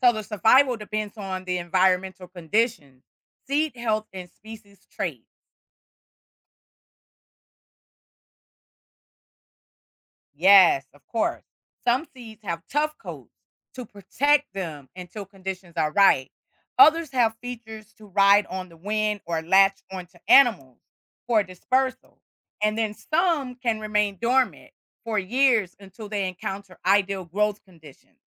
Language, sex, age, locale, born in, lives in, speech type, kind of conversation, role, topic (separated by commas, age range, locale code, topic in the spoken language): English, female, 55-59, en-US, United States, United States, read, expert-assistant, expert, How do seeds become plants?